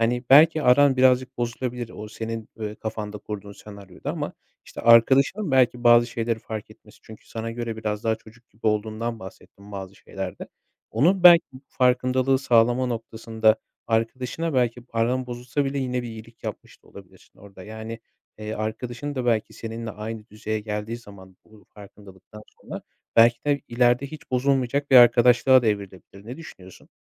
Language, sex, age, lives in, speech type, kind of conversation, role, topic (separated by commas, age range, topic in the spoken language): Turkish, male, 25-29, Poland, conversation, advice, advisor, Arkadaşlıklarımda neden sınır koyma ihtiyacı hissediyorum?